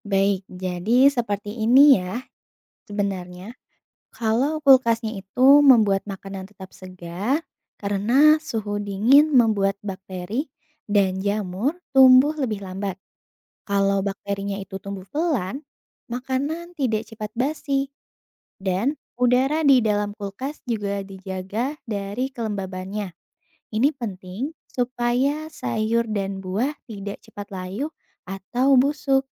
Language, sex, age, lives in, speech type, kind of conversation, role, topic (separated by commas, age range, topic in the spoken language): Indonesian, female, 25-29, Indonesia, read, expert-assistant, expert, Bagaimana cara kerja kulkas menjaga makanan tetap segar?